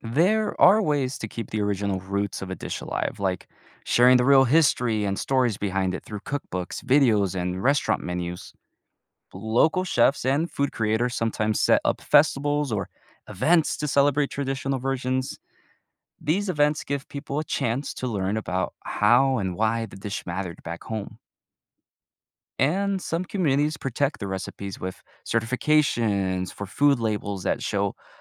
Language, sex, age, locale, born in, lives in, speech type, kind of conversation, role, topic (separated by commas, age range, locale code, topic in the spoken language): English, male, 20-24, en-US, United States, United States, read, expert-assistant, expert, How does globalization affect local cultural traditions?